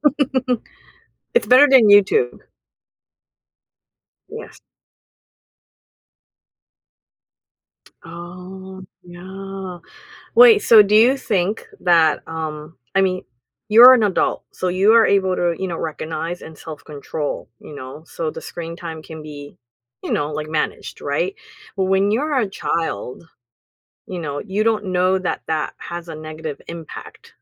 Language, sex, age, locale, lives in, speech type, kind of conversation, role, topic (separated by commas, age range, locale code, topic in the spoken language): English, female, 35-39, en-US, United States, conversation, podcast, host, What are some effective ways to create a healthy balance between technology use and offline activities?